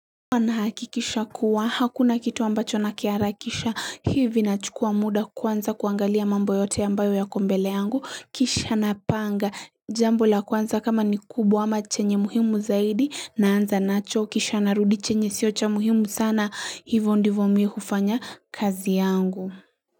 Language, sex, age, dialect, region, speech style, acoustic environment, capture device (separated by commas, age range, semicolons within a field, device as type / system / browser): Swahili, female, 18-24, Kenya - Mombasa, Kenya, happy; anxious, silence, mobile / Linux / Chrome